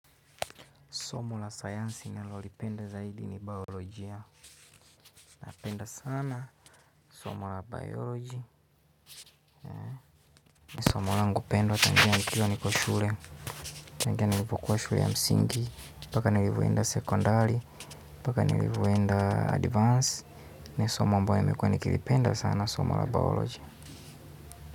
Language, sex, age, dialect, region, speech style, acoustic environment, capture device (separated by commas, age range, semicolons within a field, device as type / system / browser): Swahili, male, 25-34, Kenya - Nairobi Sheng-influenced, Kenya, relaxed; happy; focused, silence, mobile / Linux / Chrome